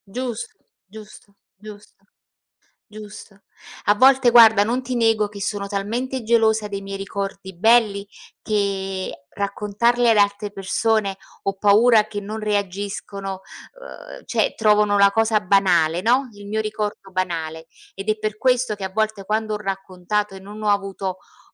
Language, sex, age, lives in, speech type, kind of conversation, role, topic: Italian, female, 55-59, Italy, conversation, unstructured, none, Qual è una storia del passato che ti fa sorridere?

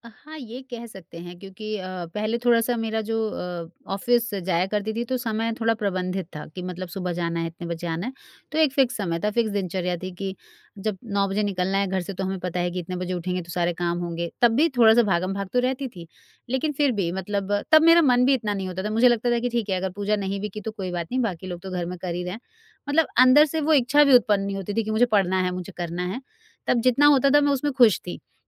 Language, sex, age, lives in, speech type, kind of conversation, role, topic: Hindi, female, 40-44, India, conversation, advice, user, धर्म या आध्यात्मिकता में मुझे जुड़ाव क्यों नहीं महसूस होता है?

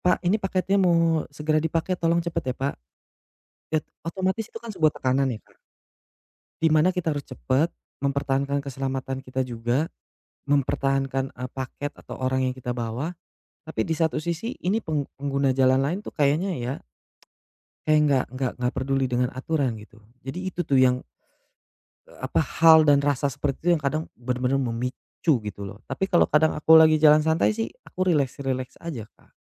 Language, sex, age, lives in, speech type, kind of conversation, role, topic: Indonesian, male, 35-39, Indonesia, conversation, advice, user, Bagaimana cara menenangkan diri saat emosi tiba-tiba meledak?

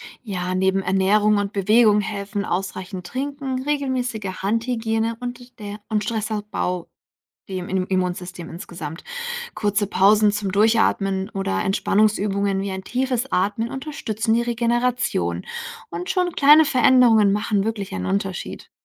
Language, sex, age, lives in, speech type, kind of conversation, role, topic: German, female, 65-69, Germany, read, expert-assistant, expert, Warum werde ich trotz regelmäßiger Bewegung immer wieder krank? Was könnte dahinterstecken?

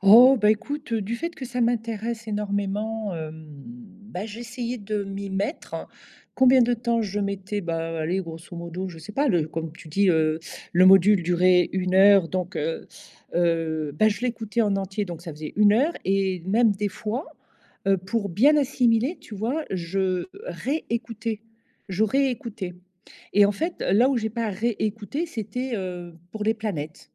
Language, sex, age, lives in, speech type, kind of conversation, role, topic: French, female, 55-59, Portugal, conversation, advice, user, Comment la procrastination t’empêche-t-elle d’avancer vers un objectif important ?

